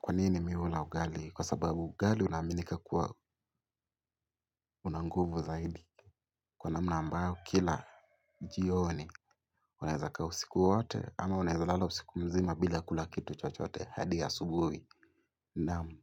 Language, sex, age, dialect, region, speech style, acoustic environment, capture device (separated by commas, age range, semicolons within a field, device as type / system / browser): Swahili, male, 18-24, Kenya - Mombasa, Kenya, focused, silence, mobile / Linux / Chrome